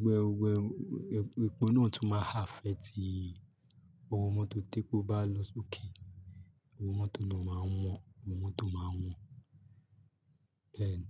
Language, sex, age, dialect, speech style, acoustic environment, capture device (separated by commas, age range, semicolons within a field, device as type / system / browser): Yoruba, male, 25-34, Nigeria - Lagos, happy, music, mobile / Linux / Chrome